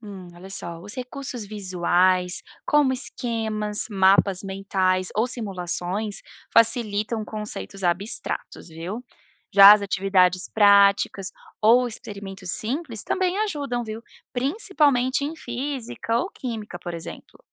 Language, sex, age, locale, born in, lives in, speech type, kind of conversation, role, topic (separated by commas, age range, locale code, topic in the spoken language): Portuguese, female, 30-34, pt-BR, Brazil, United States, read, expert-assistant, expert, Como o professor pode lidar com falta de tempo para ensinar todo o conteúdo?